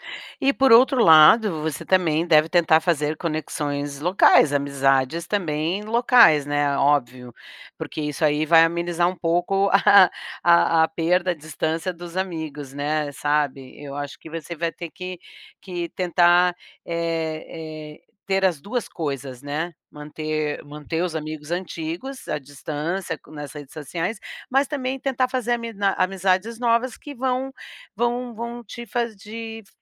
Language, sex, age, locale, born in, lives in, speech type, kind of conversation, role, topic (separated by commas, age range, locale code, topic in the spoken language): Portuguese, female, 60-64, pt-BR, Brazil, United States, conversation, advice, advisor, Como posso me adaptar melhor a uma nova cultura e a um novo ambiente?